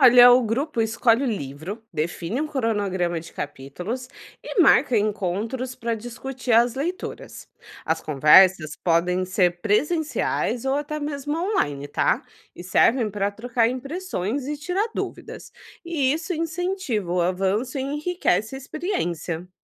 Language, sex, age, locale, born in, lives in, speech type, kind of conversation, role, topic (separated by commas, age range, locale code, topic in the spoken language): Portuguese, female, 30-34, pt-BR, Brazil, Portugal, read, expert-assistant, expert, Como lidar quando um livro clássico parece difícil de entender ou chato no começo?